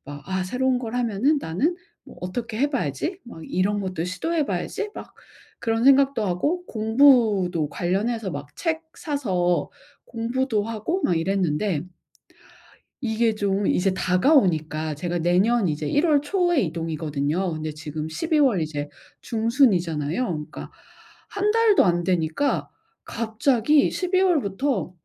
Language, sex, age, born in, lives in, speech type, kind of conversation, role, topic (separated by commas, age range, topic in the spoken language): Korean, female, 40-44, South Korea, United States, conversation, advice, user, 변화 속에서 마음의 안정감을 찾는 방법은 무엇인가요?